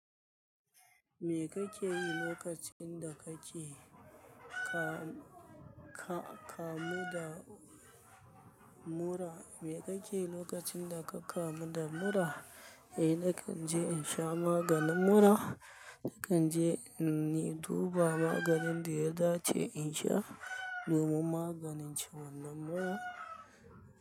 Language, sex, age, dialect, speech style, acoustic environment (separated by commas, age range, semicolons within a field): Hausa, male, 25-34, Nigeria - Kano, anxious; happy, people talking; nature